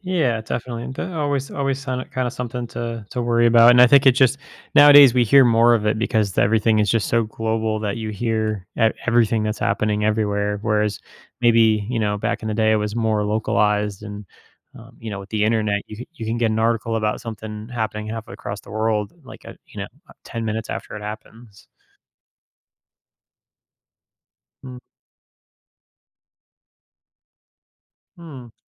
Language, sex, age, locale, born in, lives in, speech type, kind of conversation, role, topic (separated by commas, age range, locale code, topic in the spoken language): English, male, 30-34, en-US, United States, United States, conversation, podcast, host, What factors make certain moments stand out as turning points in history?